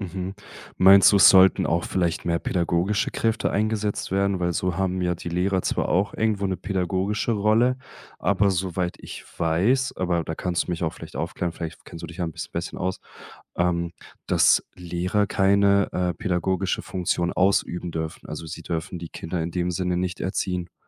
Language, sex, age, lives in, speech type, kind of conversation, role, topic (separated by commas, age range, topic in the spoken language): German, male, 25-29, Germany, conversation, podcast, host, Wie kann Schule soziale Ungleichheit ausgleichen?